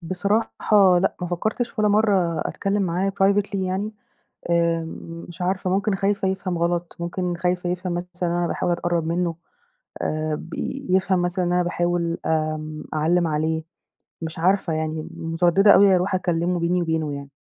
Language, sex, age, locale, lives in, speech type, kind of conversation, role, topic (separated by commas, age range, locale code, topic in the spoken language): Arabic, female, 30-34, ar-EG, Egypt, conversation, advice, user, إزاي أقدر أعبّر عن رأيي الحقيقي في الشغل من غير ما أخاف؟